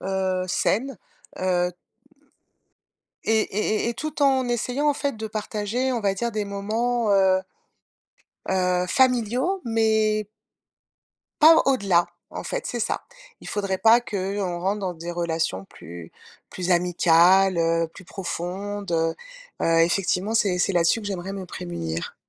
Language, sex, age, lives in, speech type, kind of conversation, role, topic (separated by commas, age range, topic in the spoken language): French, female, 50-54, France, conversation, advice, user, Comment puis-je établir et maintenir des limites familiales saines avec ma famille élargie ?